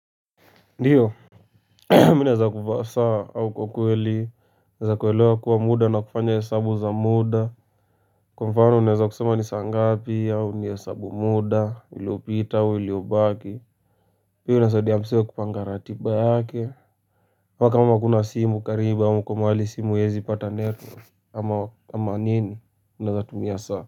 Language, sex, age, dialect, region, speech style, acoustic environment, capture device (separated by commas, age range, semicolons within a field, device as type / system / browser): Swahili, male, 18-24, Kenya - Nairobi Sheng-influenced, Kenya, focused, silence, mobile / Linux / Chrome